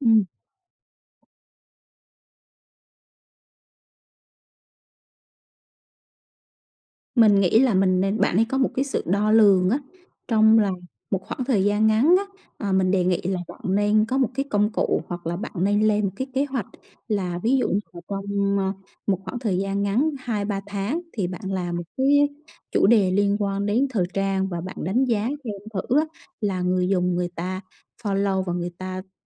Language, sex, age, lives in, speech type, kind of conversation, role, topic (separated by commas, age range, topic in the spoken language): Vietnamese, female, 35-39, Vietnam, conversation, advice, advisor, Làm sao để bớt nản lòng sau vài lần thất bại khi luyện tập sáng tạo?